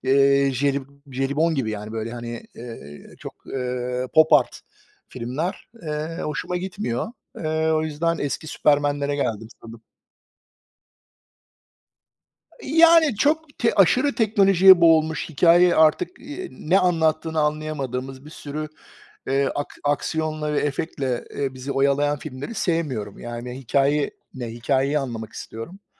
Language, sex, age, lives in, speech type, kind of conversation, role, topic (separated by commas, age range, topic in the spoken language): Turkish, male, 45-49, United States, conversation, unstructured, none, En son izlediğin film seni nasıl etkiledi?